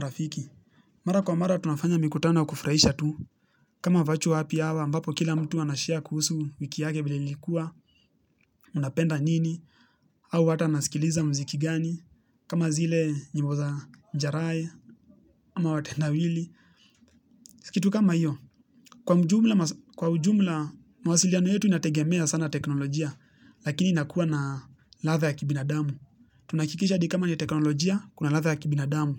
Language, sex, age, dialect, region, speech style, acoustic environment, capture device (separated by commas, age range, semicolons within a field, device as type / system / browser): Swahili, male, 18-24, Kenya - Nairobi Sheng-influenced, Kenya, relaxed, nature, mobile / Linux / Chrome